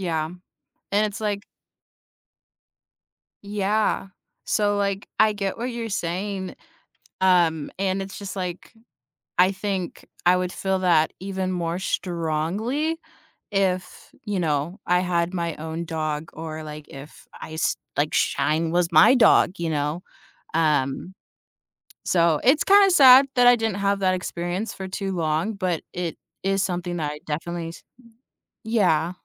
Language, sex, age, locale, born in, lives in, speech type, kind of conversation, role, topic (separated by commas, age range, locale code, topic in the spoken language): English, female, 25-29, en-US, United States, United States, conversation, unstructured, none, Which makes a better pet: a cat or a dog?